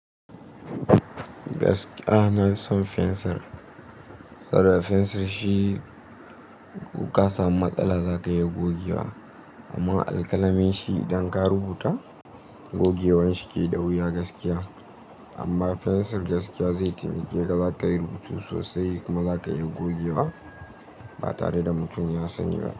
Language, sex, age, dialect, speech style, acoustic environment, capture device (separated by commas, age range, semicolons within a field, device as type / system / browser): Hausa, male, 18-24, Nigeria - Nigerian Standard, relaxed, nature; appliances, mobile / Linux / Chrome